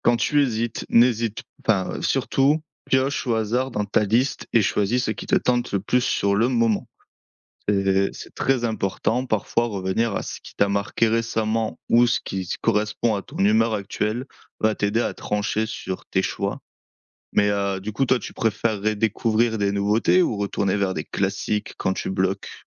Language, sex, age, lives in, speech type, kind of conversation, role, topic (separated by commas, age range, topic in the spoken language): French, male, 25-29, France, read, expert-assistant, expert, Comment mieux profiter de ses envies culturelles sans se laisser submerger ?